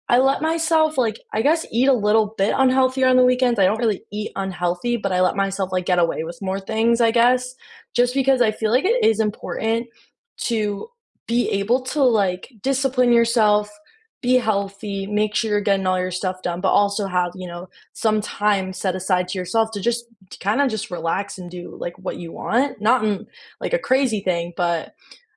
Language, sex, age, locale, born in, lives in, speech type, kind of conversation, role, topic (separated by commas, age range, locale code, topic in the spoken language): English, female, 20-24, en-US, United States, United States, conversation, unstructured, none, Which weekend rituals leave you feeling recharged, and how do they set you up for the week?